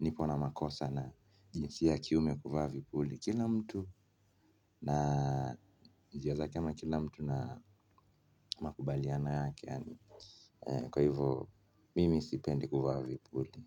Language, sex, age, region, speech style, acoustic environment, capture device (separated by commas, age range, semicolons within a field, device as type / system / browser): Swahili, male, 25-34, Kenya, focused, silence, mobile / Linux / Chrome